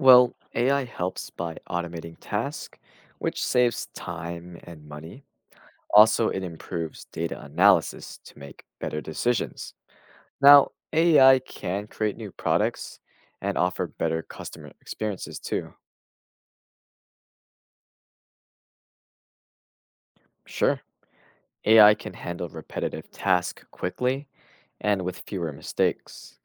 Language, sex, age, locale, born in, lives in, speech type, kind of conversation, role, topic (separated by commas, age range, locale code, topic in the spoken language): English, male, 20-24, en-US, United States, United States, read, expert-assistant, expert, How does artificial intelligence impact industries?